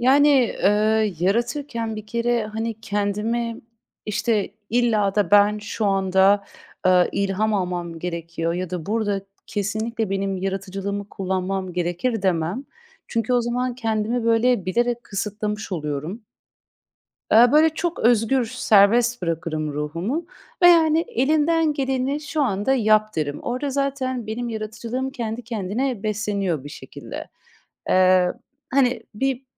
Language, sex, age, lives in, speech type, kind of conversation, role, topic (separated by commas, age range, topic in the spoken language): Turkish, female, 30-34, Netherlands, conversation, podcast, guest, Yaratıcılığını beslemek için ne yaparsın?